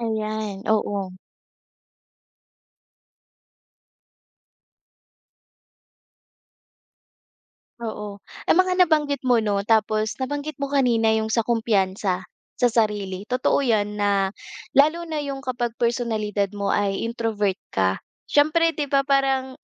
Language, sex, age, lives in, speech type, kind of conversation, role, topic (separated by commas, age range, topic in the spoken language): Filipino, female, 25-29, Philippines, conversation, podcast, host, Paano nakaaapekto ang midyang panlipunan sa tunay nating pagkakaibigan?